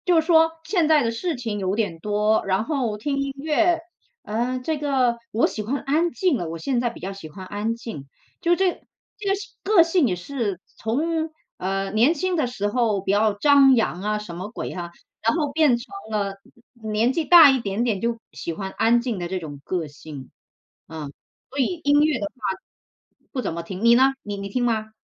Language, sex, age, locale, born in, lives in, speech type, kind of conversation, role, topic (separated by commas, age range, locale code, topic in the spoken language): Chinese, female, 40-44, zh-CN, China, United States, conversation, unstructured, none, 你喜欢用什么方式来表达自己的个性？